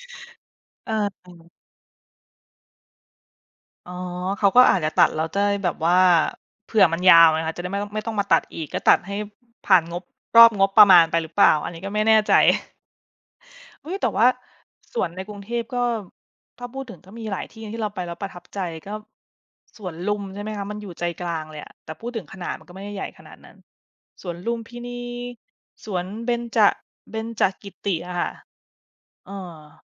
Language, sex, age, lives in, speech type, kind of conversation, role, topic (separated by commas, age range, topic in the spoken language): Thai, female, 30-34, United States, conversation, podcast, guest, คุณมองความสำคัญของพื้นที่สีเขียวในเมืองอย่างไร?